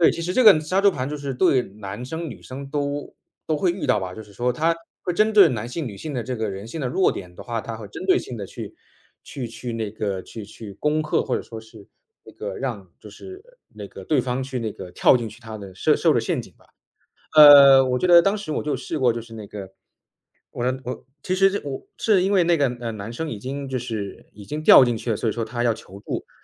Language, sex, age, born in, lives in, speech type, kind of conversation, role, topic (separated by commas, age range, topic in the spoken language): Chinese, male, 30-34, China, United States, conversation, podcast, guest, 在网上你怎么判断对方是否可信？